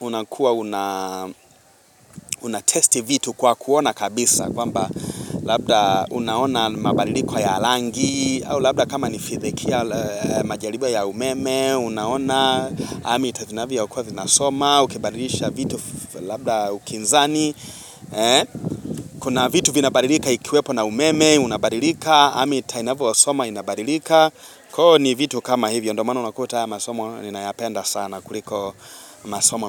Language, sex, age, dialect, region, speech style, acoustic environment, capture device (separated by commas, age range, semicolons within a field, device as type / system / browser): Swahili, male, 25-34, Tanzania - Dar es Salaam, Tanzania, happy, nature, mobile / Linux / Chrome